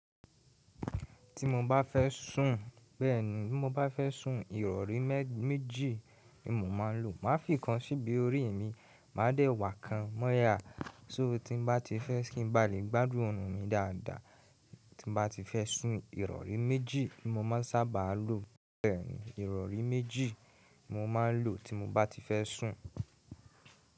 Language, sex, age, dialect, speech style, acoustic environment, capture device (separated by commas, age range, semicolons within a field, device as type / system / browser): Yoruba, male, 18-24, Nigeria - Ibadan, happy, people talking, mobile / Linux / Chrome